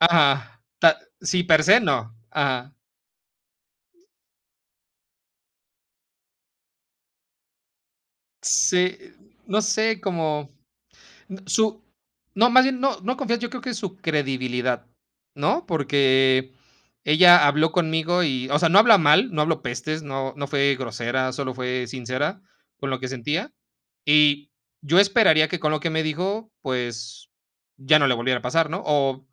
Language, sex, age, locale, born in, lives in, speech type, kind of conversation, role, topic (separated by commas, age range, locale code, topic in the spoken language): Spanish, male, 30-34, es-MX, Mexico, Mexico, conversation, unstructured, none, ¿Cómo te sentirías si alguien cercano te traicionara sin darte ninguna explicación?